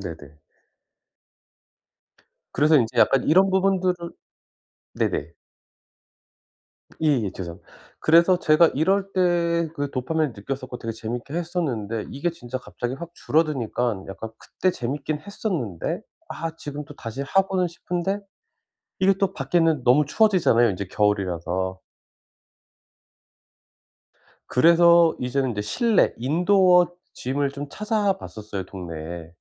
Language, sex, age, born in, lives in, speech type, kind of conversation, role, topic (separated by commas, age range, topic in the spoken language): Korean, male, 40-44, South Korea, United States, conversation, advice, user, 정신적 스트레스를 일상에서 어떻게 효과적으로 관리할 수 있을까요?